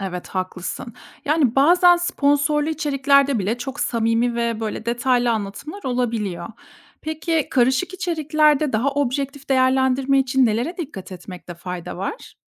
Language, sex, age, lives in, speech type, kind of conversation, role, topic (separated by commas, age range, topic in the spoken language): Turkish, female, 30-34, Germany, read, expert-assistant, asker, İnternette karşılaştığım bir ürün yorumunun gerçek mi, yoksa pazarlama amacıyla mı yazıldığını nasıl ayırt edebilirim?